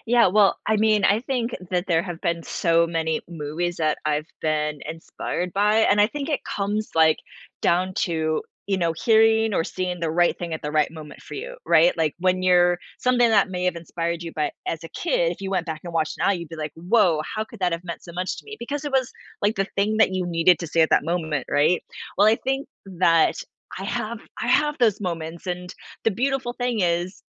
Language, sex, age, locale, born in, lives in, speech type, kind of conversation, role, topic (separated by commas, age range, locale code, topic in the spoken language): English, female, 40-44, en-US, United States, United States, conversation, podcast, guest, In what ways do movies influence how we see ourselves and motivate us to grow?